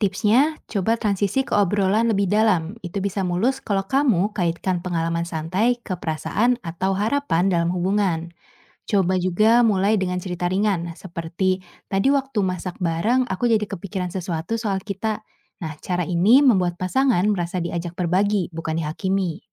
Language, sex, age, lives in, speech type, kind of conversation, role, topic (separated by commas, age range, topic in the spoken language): Indonesian, female, 25-29, Indonesia, read, expert-assistant, expert, Dalam membangun hubungan yang sehat, mana yang lebih penting untuk diprioritaskan: kemampuan mendengarkan dengan empati atau kemampuan menyampaikan pendapat secara jelas? Mengapa demikian?